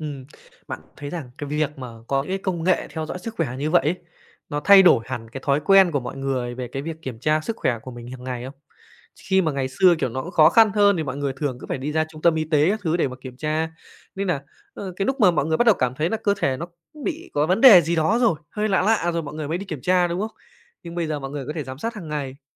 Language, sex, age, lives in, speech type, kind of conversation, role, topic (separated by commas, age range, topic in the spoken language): Vietnamese, male, 25-29, Japan, conversation, podcast, host, Công nghệ theo dõi sức khỏe cá nhân sẽ thay đổi cách chúng ta chăm sóc sức khỏe như thế nào?